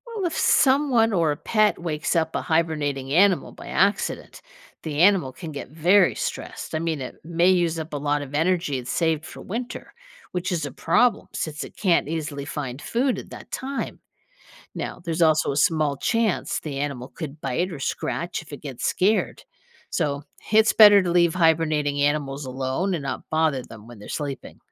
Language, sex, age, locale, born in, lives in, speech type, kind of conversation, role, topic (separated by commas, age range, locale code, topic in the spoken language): English, female, 55-59, en-US, United States, United States, read, expert-assistant, expert, How do animals survive extreme seasonal changes?